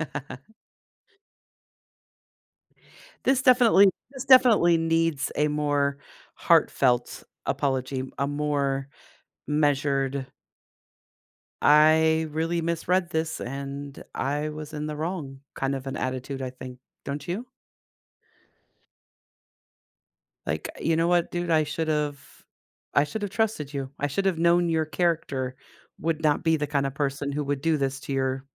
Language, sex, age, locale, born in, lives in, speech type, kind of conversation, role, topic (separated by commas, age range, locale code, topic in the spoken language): English, female, 55-59, en-US, United States, United States, conversation, advice, advisor, How can I sincerely apologize and repair things after making a hurtful comment?